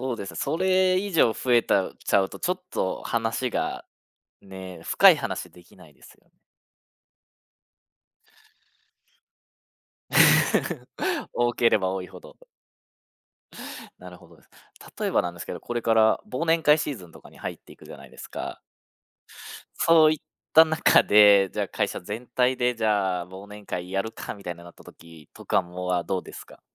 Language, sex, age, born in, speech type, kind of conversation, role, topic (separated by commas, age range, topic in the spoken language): Japanese, male, 35-39, Japan, conversation, podcast, host, 「No」と言うのは苦手ですか？どうしてますか？